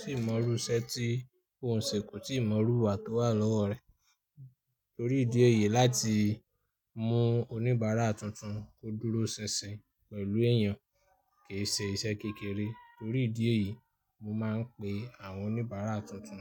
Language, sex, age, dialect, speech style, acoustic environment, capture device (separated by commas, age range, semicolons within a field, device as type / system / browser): Yoruba, male, 25-34, Nigeria - Ibadan, happy; nervous; anxious; excited, people talking; nature; music; traffic; construction, mobile / Linux / Chrome